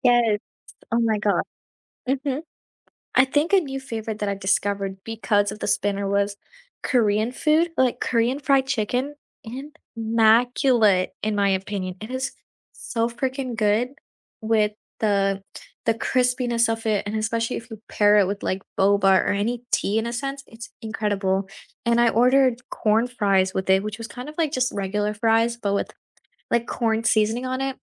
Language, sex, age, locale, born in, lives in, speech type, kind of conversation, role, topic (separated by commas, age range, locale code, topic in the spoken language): English, female, 18-19, en-US, India, United States, conversation, unstructured, none, How do you decide where to order takeout from when everyone has a different comfort food favorite?